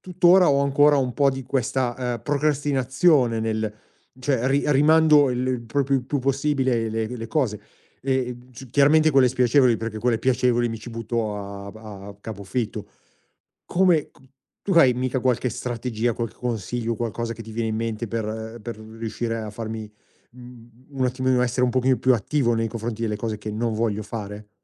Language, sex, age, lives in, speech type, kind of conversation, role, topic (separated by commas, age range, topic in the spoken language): Italian, male, 50-54, Italy, conversation, advice, user, Come posso smettere di procrastinare cronicamente sui compiti chiave per raggiungere il mio obiettivo?